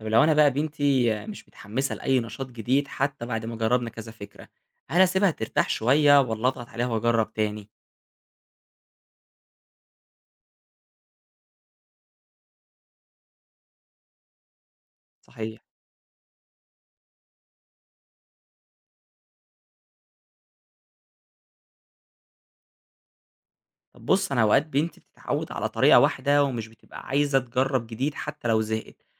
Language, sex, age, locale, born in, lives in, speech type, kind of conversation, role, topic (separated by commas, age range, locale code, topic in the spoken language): Arabic, male, 20-24, ar-EG, Egypt, Egypt, read, expert-assistant, asker, إيه الفرق بين الطرق التقليدية في التعليم (زي الحفظ والتكرار) وبين الأساليب الحديثة (زي التعلم النشط أو التعلم القائم على المشروعات)، وإزاي أختار بينهم؟